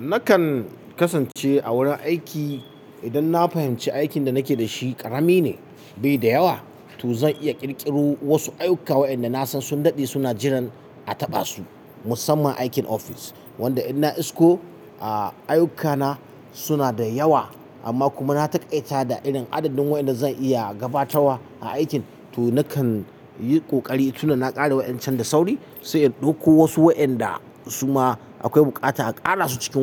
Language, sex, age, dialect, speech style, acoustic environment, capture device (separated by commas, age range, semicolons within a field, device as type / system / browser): Hausa, male, 35-44, Nigeria - Katsina, happy; excited; focused; relaxed, silence, mobile / Linux / Chrome